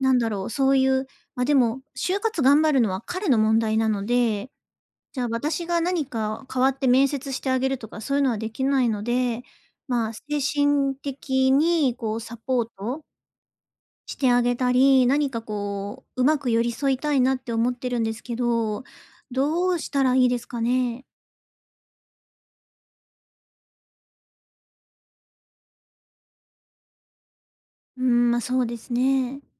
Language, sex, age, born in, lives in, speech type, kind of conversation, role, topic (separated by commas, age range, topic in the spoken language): Japanese, female, 30-34, Japan, Japan, conversation, advice, user, 不安を感じている人にどう寄り添えばいいですか？